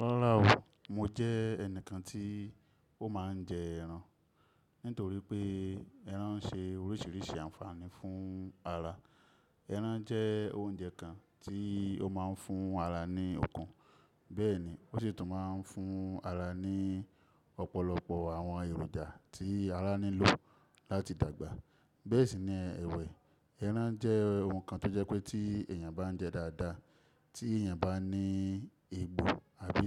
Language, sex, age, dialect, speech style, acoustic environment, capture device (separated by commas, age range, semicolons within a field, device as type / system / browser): Yoruba, male, 25-34, Nigeria - Ibadan, focused, nature, desktop / Windows / Chrome